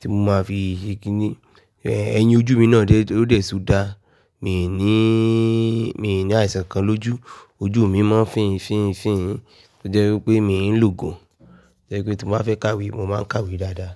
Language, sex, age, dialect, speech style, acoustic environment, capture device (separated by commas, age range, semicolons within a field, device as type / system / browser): Yoruba, male, 25-34, Nigeria - Nigerian Standard, relaxed, nature, desktop / Linux / Chrome